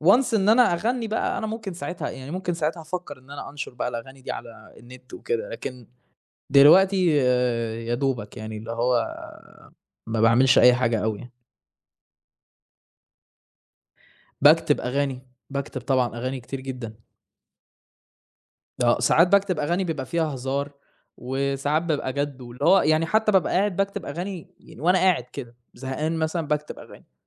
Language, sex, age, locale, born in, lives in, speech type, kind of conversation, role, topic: Arabic, male, 20-24, ar-EG, Saudi Arabia, Germany, conversation, unstructured, none, إيه نوع الموسيقى اللي بتسمعها عادة؟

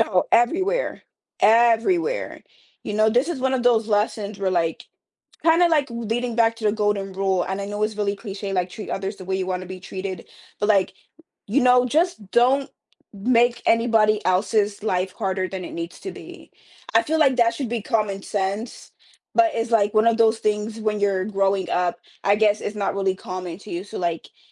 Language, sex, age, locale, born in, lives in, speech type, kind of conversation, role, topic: English, female, 20-24, en-US, United States, United States, conversation, podcast, guest, How have your childhood experiences shaped the person you are today?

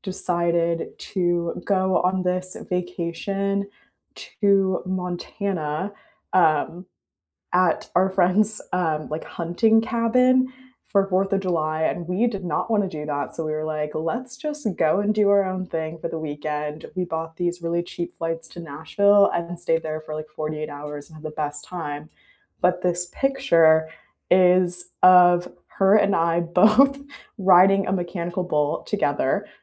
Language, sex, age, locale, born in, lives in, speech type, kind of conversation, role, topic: English, female, 20-24, en-US, United States, United States, conversation, unstructured, none, What’s the story behind a favorite photo on your phone, and why is it meaningful to you?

